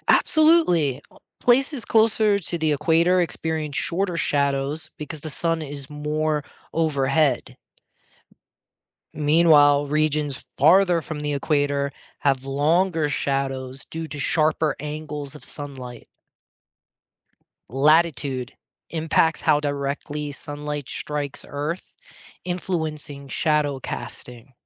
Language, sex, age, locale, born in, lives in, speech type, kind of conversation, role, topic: English, male, 40-44, en-US, United States, United States, read, expert-assistant, expert, What makes shadows grow longer?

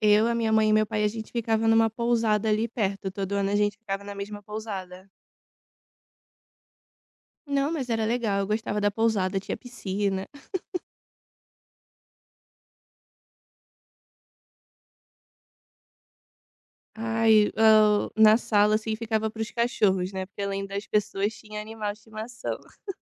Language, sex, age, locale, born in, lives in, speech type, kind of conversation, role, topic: Portuguese, female, 25-29, pt-BR, Brazil, Italy, conversation, podcast, guest, Como eram as suas férias de verão quando você era criança?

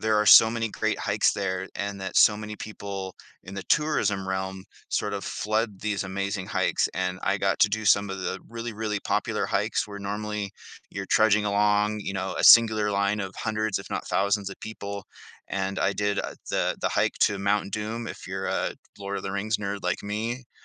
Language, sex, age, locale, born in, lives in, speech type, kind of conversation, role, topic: English, male, 40-44, en-US, Canada, United States, conversation, podcast, guest, How does hiking or being in nature make people feel?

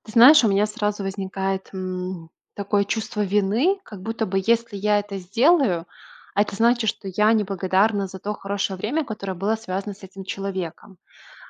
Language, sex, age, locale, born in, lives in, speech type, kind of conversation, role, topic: Russian, female, 25-29, ru-RU, Belarus, Italy, conversation, advice, user, Почему мне так трудно расставаться с вещами из-за чувства вины или ностальгии?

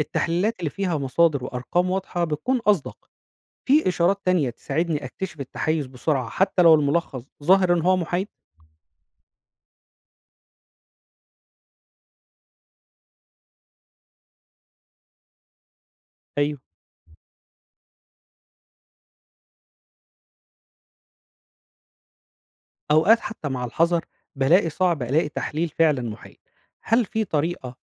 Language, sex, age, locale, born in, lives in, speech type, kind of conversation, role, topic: Arabic, male, 35-39, ar-EG, Egypt, Egypt, read, expert-assistant, asker, إيه الأسلوب اللي ممكن أستخدمه عشان أفرّق بين الإعلام المفيد واللي بيضيع وقتي؟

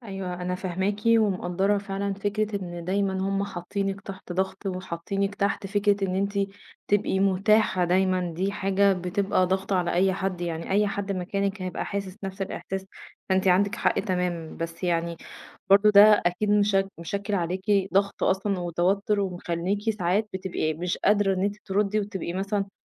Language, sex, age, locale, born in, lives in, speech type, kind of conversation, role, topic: Arabic, female, 30-34, ar-EG, Egypt, Egypt, conversation, advice, advisor, إزاي بتحس تجاه إن الناس متوقعة منك تبقى دايمًا متاح وترد على الرسائل والمكالمات؟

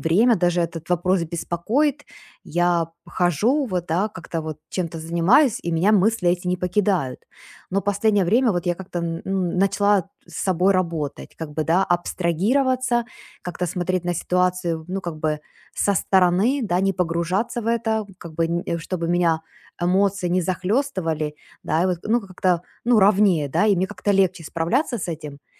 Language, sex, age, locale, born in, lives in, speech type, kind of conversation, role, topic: Russian, female, 40-44, ru-UA, Ukraine, United States, conversation, advice, user, Как перестать застревать в роли «миротворца» в семье и изменить эту динамику?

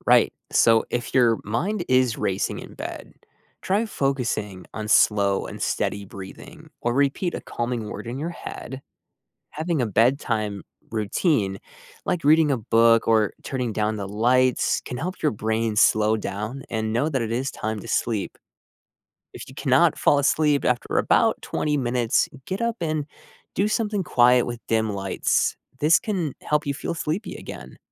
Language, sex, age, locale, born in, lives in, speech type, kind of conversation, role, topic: English, male, 30-34, en-US, United States, United States, read, expert-assistant, expert, Why do we need to sleep every night?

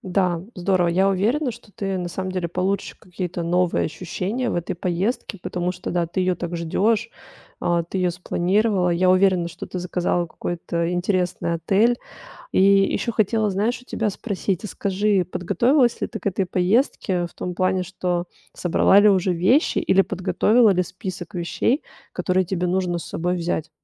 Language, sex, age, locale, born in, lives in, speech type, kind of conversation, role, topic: Russian, female, 35-39, ru-RU, Russia, Italy, conversation, advice, advisor, Как планировать короткий отпуск, чтобы полноценно отдохнуть?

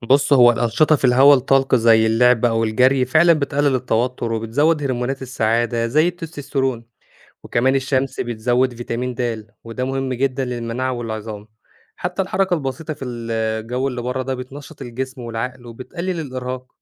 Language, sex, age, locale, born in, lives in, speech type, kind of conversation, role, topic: Arabic, male, 25-29, ar-EG, Egypt, Egypt, read, expert-assistant, expert, إزاي أستغل الأنشطة الخارجية في تحسين صحتي النفسية والجسدية؟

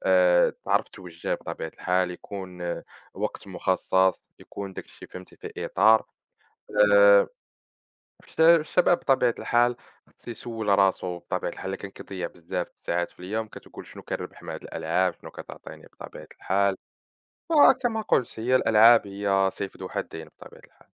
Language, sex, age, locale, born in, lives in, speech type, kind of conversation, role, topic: Arabic, male, 20-24, ar-MA, Morocco, France, conversation, unstructured, none, إيه رأيك في تأثير الألعاب الإلكترونية على الشباب؟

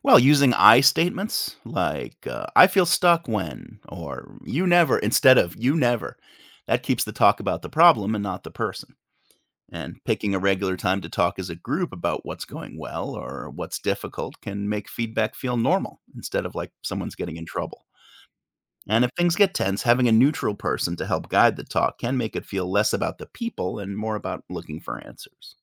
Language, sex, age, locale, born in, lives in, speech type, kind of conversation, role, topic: English, male, 45-49, en-US, United States, United States, read, expert-assistant, expert, Tips for working well in a group or team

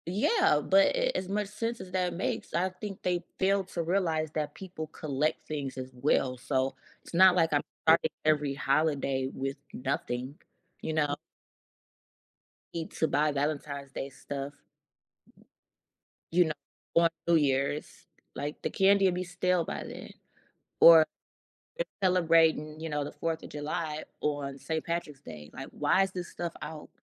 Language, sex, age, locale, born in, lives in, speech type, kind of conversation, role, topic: English, female, 35-39, en-US, United States, United States, conversation, unstructured, none, In what ways do holiday traditions impact your relationships with family members?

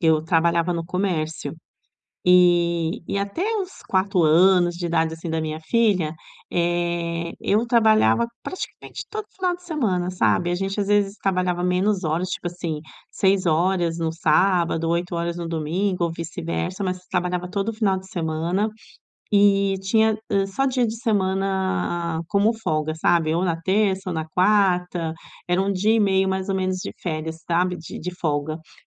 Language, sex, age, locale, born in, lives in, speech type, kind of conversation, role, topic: Portuguese, female, 45-49, pt-BR, Brazil, Italy, conversation, advice, user, Devo aceitar esta oferta agora ou esperar por uma melhor?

